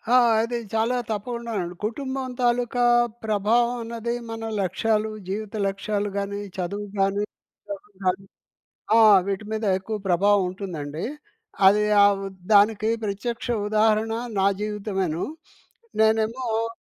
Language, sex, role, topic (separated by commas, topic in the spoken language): Telugu, male, guest, కుటుంబం నీ లక్ష్యాలను ఎలా ప్రభావితం చేసింది?